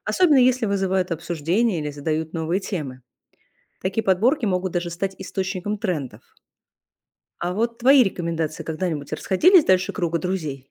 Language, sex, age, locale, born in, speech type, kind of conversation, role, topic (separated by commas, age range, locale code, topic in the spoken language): Russian, female, 35-39, ru-RU, Russia, read, expert-assistant, expert, Где лучше всего искать новые книги современных авторов и мнения о них?